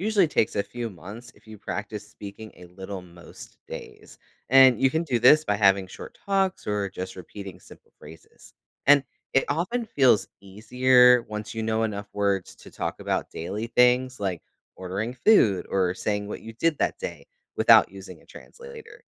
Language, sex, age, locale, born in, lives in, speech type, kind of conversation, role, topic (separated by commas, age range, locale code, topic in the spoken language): English, male, 35-39, en-US, United States, United States, read, expert-assistant, expert, Why is learning a second language important?